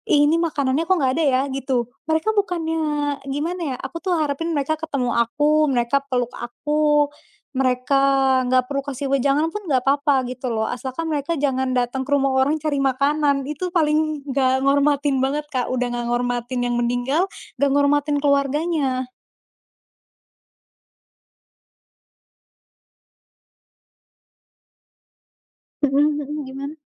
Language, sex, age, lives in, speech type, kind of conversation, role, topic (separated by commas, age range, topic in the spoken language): Indonesian, female, 20-24, Indonesia, conversation, unstructured, none, Bagaimana perasaanmu ketika melihat orang tidak menghormati orang yang sudah meninggal?